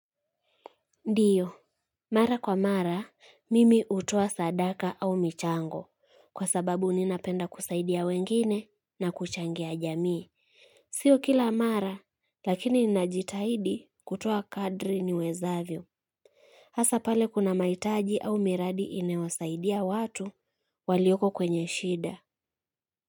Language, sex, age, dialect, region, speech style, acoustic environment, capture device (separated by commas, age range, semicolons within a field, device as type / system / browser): Swahili, female, 25-34, Kenya - Kitui, Kenya, happy; relaxed, people talking; traffic; music, mobile / Linux / Chrome